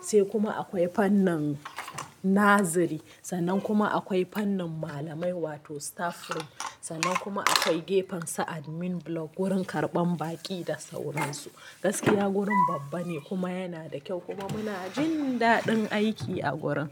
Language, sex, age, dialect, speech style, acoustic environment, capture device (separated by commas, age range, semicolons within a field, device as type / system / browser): Hausa, female, 25-34, Nigeria - Kano, relaxed, nature; people talking, mobile / Linux / Chrome